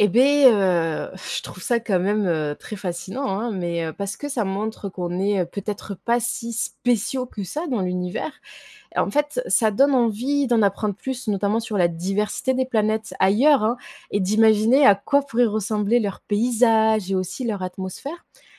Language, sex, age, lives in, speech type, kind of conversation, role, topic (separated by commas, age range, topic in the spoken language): French, female, 25-29, France, read, expert-assistant, asker, Comment se forment, évoluent et se distinguent les planètes de notre système solaire et d'ailleurs ?